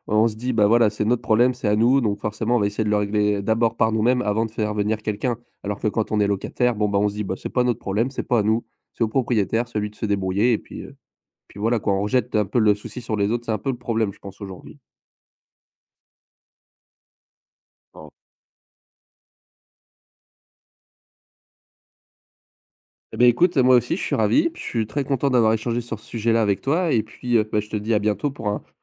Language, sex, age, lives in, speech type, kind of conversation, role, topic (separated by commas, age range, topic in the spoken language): French, male, 20-24, France, conversation, podcast, host, Quelle est une compétence que tu as apprise en autodidacte ?